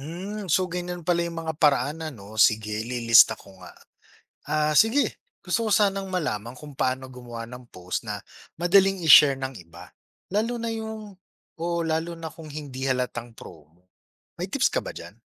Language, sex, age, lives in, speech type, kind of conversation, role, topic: Filipino, male, 35-39, Philippines, read, expert-assistant, asker, Paano mapapalaganap at mapanatili ang adbokasiya sa social media?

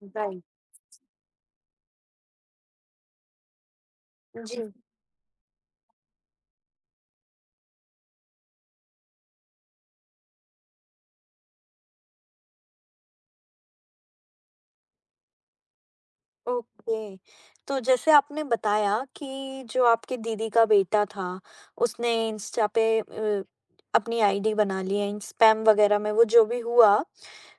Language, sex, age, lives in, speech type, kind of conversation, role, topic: Hindi, female, 20-24, India, conversation, podcast, host, Jab bachche social media use karte hain, boundaries kaise set karein?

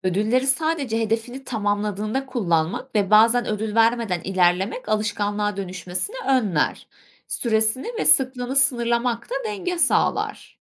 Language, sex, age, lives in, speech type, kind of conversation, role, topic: Turkish, female, 25-29, Germany, read, expert-assistant, expert, Vücudumun biyolojik ritmini dikkate alarak en verimli çalışma saatlerini nasıl belirleyebilirim?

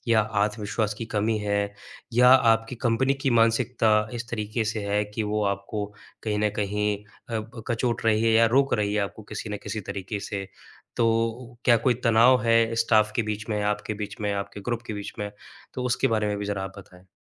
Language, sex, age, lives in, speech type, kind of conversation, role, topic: Hindi, male, 25-29, India, conversation, advice, advisor, वेतन-वार्ता या पदोन्नति के समय आप अपनी उचित कीमत माँगने में क्यों हिचकते हैं?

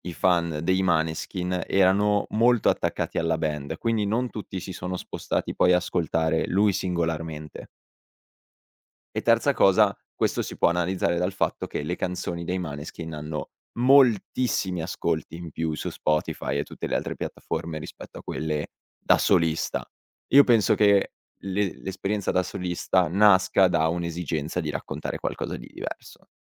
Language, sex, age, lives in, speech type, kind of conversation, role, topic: Italian, male, 18-19, Italy, conversation, podcast, guest, Quale artista mi consiglieresti di ascoltare subito?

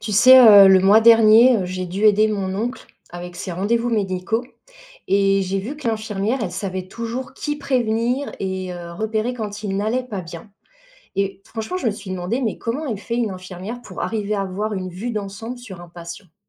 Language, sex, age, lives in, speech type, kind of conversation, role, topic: French, female, 40-44, France, read, expert-assistant, asker, Peux-tu me donner un exemple de situation où une infirmière fait la différence dans la vie d’un patient, un peu comme un chef d’orchestre dans un concert ?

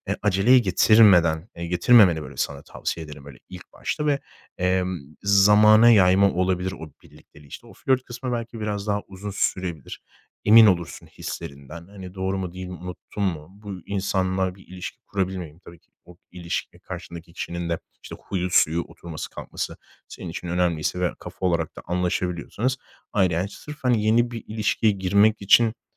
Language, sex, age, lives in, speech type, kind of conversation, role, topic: Turkish, male, 25-29, Spain, conversation, advice, advisor, Eski ilişkimi unutamazken hızlıca yeni bir ilişkiye başlama isteğiyle nasıl başa çıkabilirim?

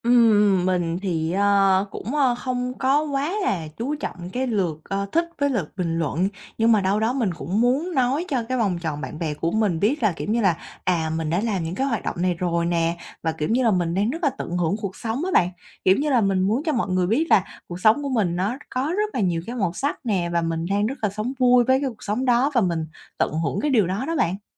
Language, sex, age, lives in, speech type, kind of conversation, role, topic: Vietnamese, female, 25-29, Vietnam, conversation, advice, user, Làm sao để cân bằng hình ảnh của bạn trên mạng xã hội với con người thật của bạn?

